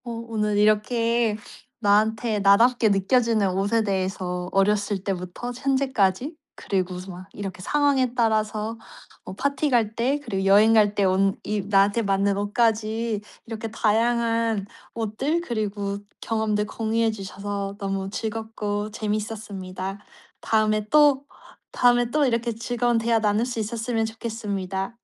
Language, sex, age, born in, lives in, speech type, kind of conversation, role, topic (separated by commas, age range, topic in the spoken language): Korean, female, 35-39, South Korea, United States, conversation, podcast, host, 어떤 옷을 입을 때 가장 ‘나답다’고 느끼시나요?